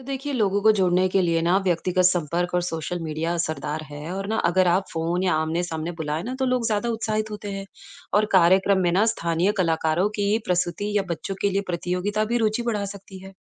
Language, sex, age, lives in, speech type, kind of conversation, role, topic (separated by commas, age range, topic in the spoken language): Hindi, female, 35-39, United States, read, expert-assistant, expert, अगर आपको अपने मोहल्ले में एक सामाजिक कार्यक्रम के लिए लोगों का ध्यान आकर्षित करना हो, तो आप इसकी योजना कैसे बनाएँगे?